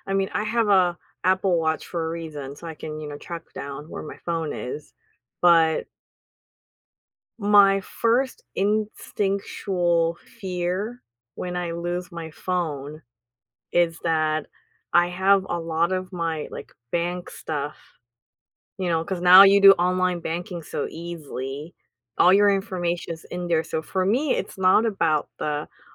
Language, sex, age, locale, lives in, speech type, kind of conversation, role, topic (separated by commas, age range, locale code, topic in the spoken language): English, female, 35-39, en-US, United States, conversation, podcast, host, What are some effective ways to create a healthy balance between technology use and offline activities?